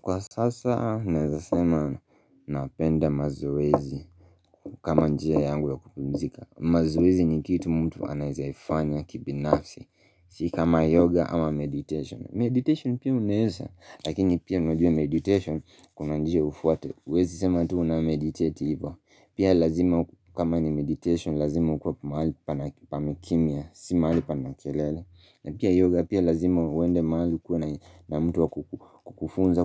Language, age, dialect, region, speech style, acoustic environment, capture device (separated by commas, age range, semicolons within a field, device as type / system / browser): Swahili, 25-34, Kenya - Nairobi Sheng-influenced, Kenya, relaxed, nature, mobile / Linux / Chrome